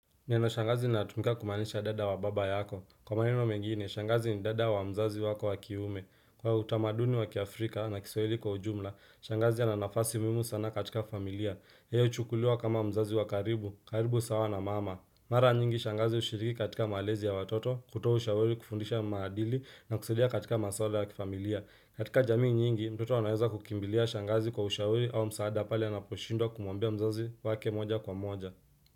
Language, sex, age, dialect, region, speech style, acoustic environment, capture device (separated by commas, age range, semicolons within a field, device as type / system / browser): Swahili, male, 25-34, Kenya - Nairobi Sheng-influenced, Kenya, relaxed, silence, desktop / Windows / Chrome